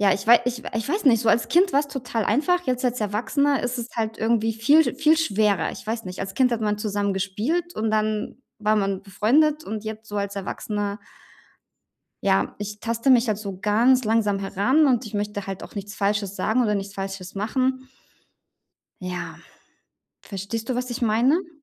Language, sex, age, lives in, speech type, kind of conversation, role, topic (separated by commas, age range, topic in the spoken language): German, female, 35-39, Germany, conversation, advice, user, Wie gehe ich am Anfang einer neuen Beziehung mit Unsicherheit und Nervosität um?